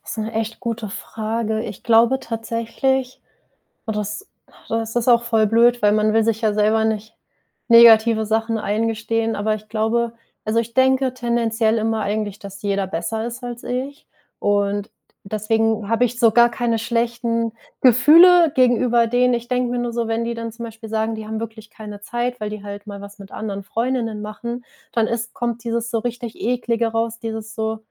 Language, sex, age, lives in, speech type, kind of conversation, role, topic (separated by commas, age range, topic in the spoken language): German, female, 25-29, Germany, conversation, advice, user, Wie gehe ich mit Eifersucht wegen eines Ex-Partners oder wegen Freundschaften um?